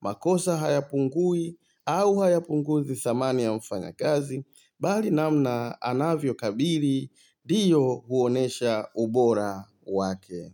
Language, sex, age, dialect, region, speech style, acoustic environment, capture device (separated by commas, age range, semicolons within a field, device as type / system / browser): Swahili, male, 35-44, Tanzania - Dar es Salaam, Tanzania, happy; excited; focused; relaxed, silence, mobile / Linux / Chrome